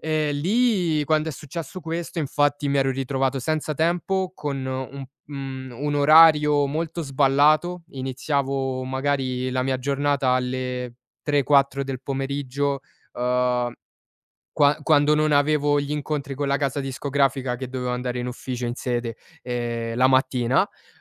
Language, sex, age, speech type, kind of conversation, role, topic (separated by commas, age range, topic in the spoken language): Italian, male, 20-24, conversation, podcast, guest, Hai mai fatto un grande cambiamento per seguire un sogno?